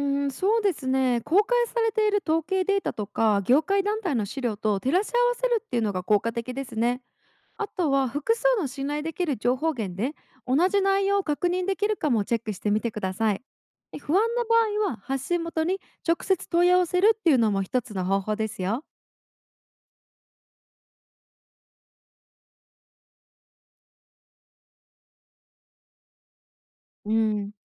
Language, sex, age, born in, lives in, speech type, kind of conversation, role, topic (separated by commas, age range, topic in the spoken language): Japanese, female, 25-29, Japan, United States, read, expert-assistant, expert, 企業が発信するお知らせの中で、信頼できるものとそうでないものを見分けるコツは何でしょうか？